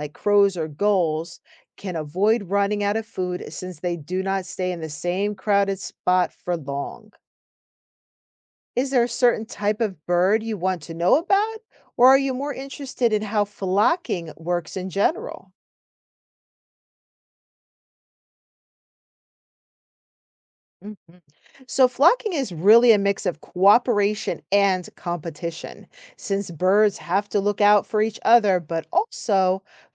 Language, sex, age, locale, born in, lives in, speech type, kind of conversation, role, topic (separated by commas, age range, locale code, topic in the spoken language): English, female, 45-49, en-US, United States, United States, read, expert-assistant, expert, Why do some animals live in groups while others live alone?